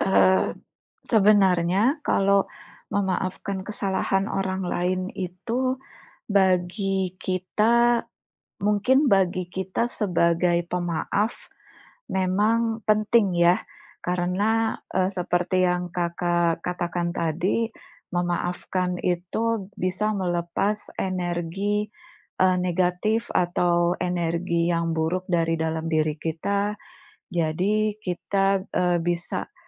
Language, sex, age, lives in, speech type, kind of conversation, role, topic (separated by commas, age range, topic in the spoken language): Indonesian, female, 40-44, Indonesia, conversation, unstructured, none, Apakah memaafkan kesalahan orang lain itu penting?